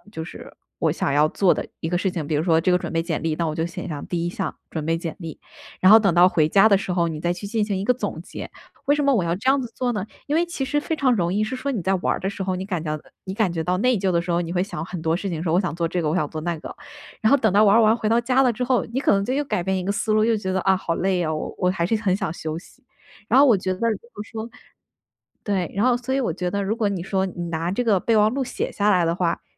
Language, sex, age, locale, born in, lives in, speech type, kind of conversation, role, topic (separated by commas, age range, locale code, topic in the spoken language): Chinese, female, 25-29, zh-CN, China, United States, conversation, advice, advisor, 为什么我一放松就总会感到内疚并开始拖延？